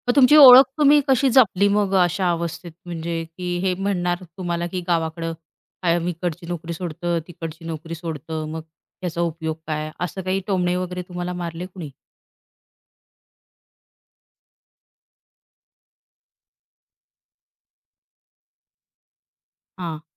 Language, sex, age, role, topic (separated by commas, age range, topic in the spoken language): Marathi, female, 35-39, host, नोकरी गेल्यानंतर तुम्ही स्वतःची ओळख कशी परिभाषित कराल?